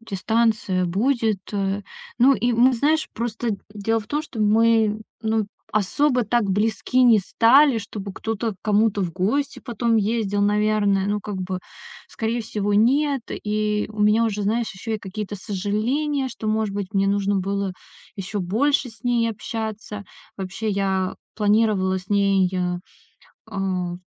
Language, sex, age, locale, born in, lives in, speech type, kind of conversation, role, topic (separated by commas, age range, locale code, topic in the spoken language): Russian, female, 30-34, ru-RU, Russia, Estonia, conversation, advice, user, Как попрощаться с друзьями и семьёй перед переездом?